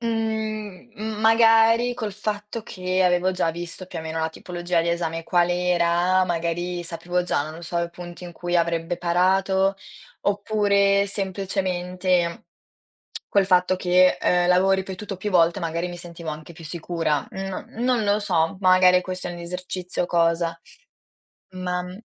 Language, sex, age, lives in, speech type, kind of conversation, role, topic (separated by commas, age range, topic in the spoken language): Italian, female, 18-19, Italy, conversation, podcast, guest, Ti va di raccontare un fallimento da cui hai imparato molto?